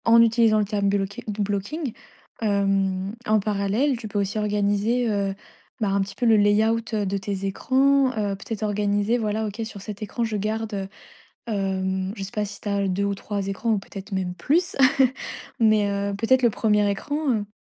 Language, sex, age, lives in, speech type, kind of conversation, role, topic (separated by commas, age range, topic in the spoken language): French, female, 20-24, France, conversation, advice, advisor, Comment mieux gérer mon énergie pour rester concentré toute la journée ?